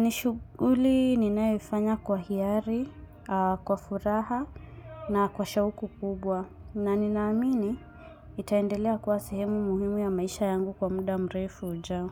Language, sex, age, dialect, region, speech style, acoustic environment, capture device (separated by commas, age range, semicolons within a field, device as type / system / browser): Swahili, female, 25-34, Kenya - Mombasa, Kenya, focused, silence, mobile / Linux / Chrome